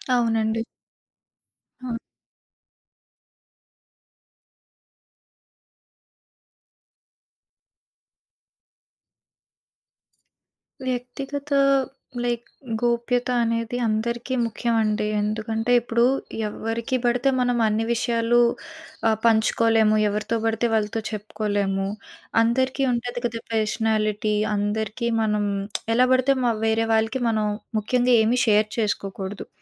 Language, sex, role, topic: Telugu, female, guest, వ్యక్తిగత గోప్యత గురించి మీ అభిప్రాయం ఏమిటి?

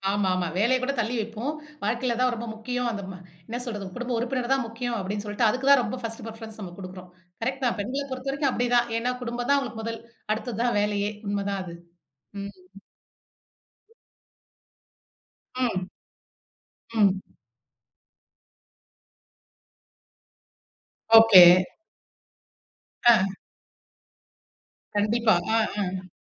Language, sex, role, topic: Tamil, female, host, வேலை-வாழ்க்கை சமநிலையை நீங்கள் எப்படிக் காக்கிறீர்கள்?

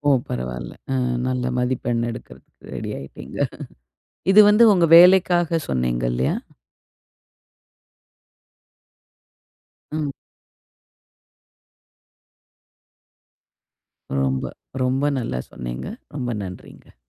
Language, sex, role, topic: Tamil, female, host, கற்றலில் ஊக்கமின்மை வந்தால் நீங்கள் என்ன செய்வீர்கள்?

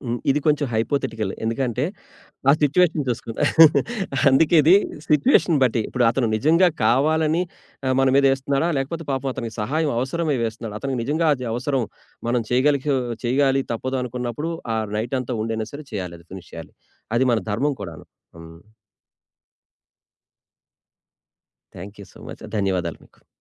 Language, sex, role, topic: Telugu, male, guest, అవసరమైనప్పుడు మీరు గౌరవంగా ‘కాదు’ అని ఎలా చెప్పగలరు?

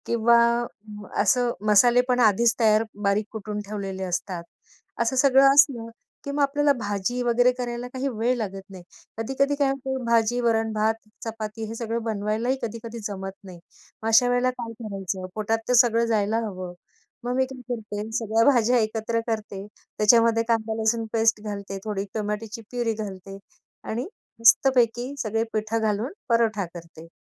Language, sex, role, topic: Marathi, female, guest, वेळ कमी असताना चवदार जेवण कसे बनवता?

